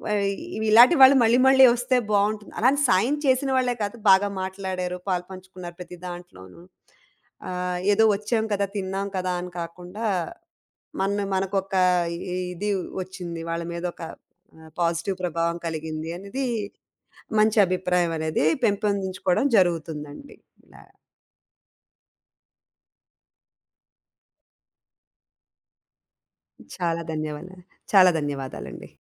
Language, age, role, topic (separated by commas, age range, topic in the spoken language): Telugu, 35-39, guest, మీ ఇంటికి వచ్చిన అతిథులను మీరు సాధారణంగా ఎలా ఆదరిస్తారు?